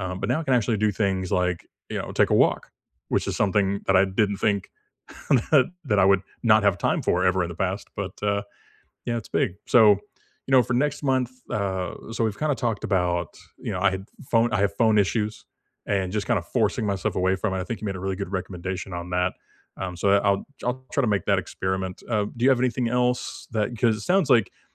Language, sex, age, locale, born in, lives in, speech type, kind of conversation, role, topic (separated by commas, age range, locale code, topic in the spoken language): English, male, 30-34, en-US, United States, United States, conversation, unstructured, none, What could you change next year to free up more time and energy for what matters most?